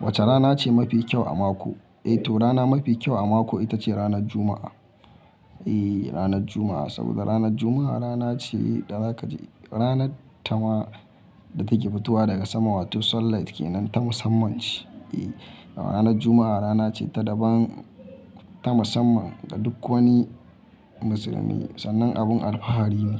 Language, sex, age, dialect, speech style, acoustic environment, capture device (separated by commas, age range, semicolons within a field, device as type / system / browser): Hausa, male, 25-34, Nigeria - Kano, happy; focused, people talking; nature, mobile / Linux / Chrome